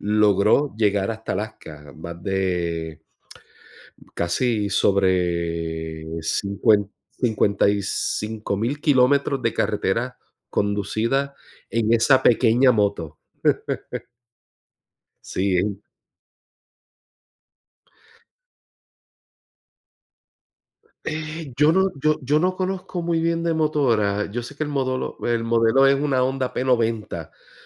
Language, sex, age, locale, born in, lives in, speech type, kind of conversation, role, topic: Spanish, male, 45-49, es-US, Puerto Rico, United States, conversation, unstructured, none, ¿Hay alguna aventura que te gustaría vivir?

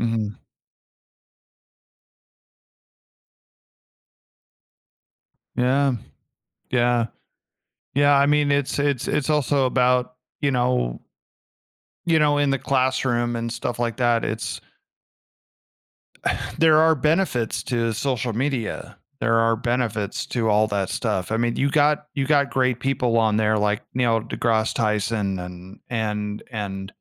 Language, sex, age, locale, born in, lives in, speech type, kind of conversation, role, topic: English, male, 40-44, en-US, United States, United States, conversation, podcast, guest, In what ways has technology reshaped our daily lives and interactions?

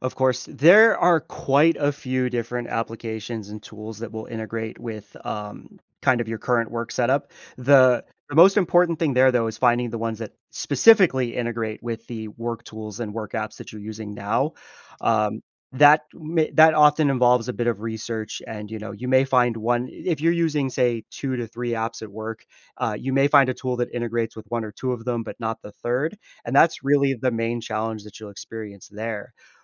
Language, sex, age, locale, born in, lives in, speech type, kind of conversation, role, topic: English, male, 30-34, en-US, United States, United States, conversation, advice, advisor, How can I balance work and home responsibilities without feeling overwhelmed?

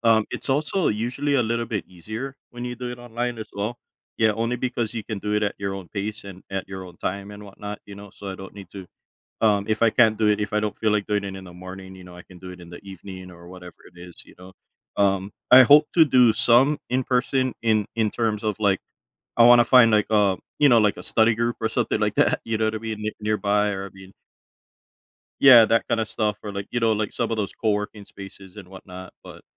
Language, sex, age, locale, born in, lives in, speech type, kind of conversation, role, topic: English, male, 35-39, en-US, United States, United States, conversation, advice, user, How do I build a stable routine while starting a new job?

